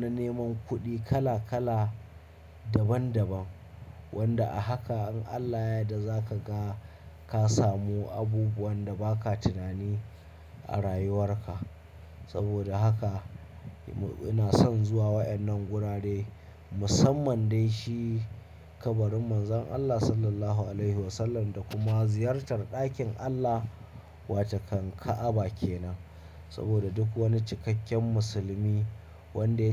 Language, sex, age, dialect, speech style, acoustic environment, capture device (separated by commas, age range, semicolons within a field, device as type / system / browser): Hausa, male, 25-34, Nigeria - Kano, happy, silence, mobile / Linux / Chrome